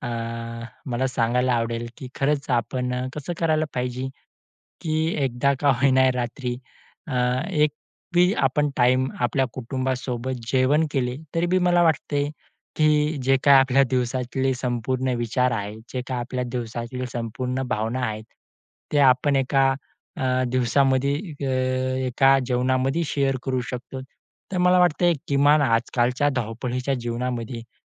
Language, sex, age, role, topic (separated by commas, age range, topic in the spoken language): Marathi, male, 20-24, guest, एकत्र जेवण करण्याची परंपरा तुमच्या घरी किती महत्त्वाची आहे?